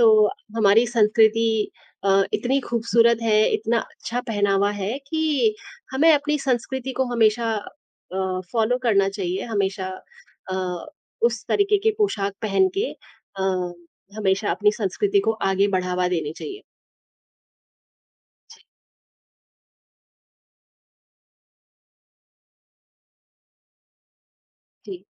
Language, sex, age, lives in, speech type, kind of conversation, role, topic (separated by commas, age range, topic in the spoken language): Hindi, female, 25-29, India, conversation, unstructured, none, क्या किसी व्यक्ति की पहचान केवल उसके कपड़ों के आधार पर आँकना सही है?